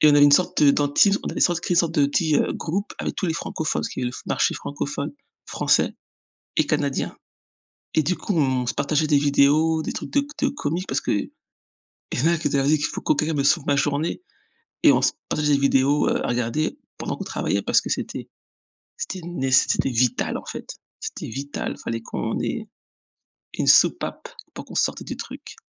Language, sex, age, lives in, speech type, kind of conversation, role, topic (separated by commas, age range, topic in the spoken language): French, female, 40-44, United States, conversation, unstructured, none, Comment crées-tu des moments de bonheur dans ta routine ?